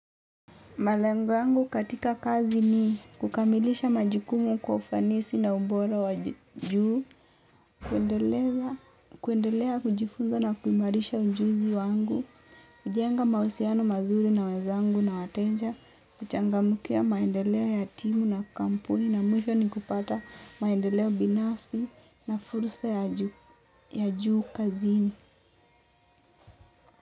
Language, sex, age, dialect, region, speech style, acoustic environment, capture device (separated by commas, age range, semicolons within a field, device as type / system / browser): Swahili, female, 18-24, Kenya - Mombasa, Kenya, focused, nature, mobile / Linux / Chrome